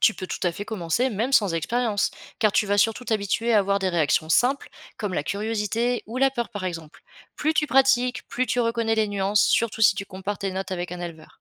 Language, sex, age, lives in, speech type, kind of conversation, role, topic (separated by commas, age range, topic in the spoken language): French, female, 25-29, France, read, expert-assistant, expert, Quelles sont les bases à connaître pour bien s’occuper d’un troupeau aujourd’hui ?